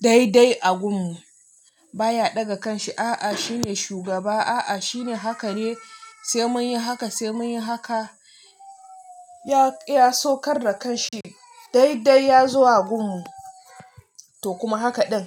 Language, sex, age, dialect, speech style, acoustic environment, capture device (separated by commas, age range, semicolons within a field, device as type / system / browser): Hausa, female, 25-34, Nigeria - Nigerian Standard, relaxed, music, mobile / Linux / Chrome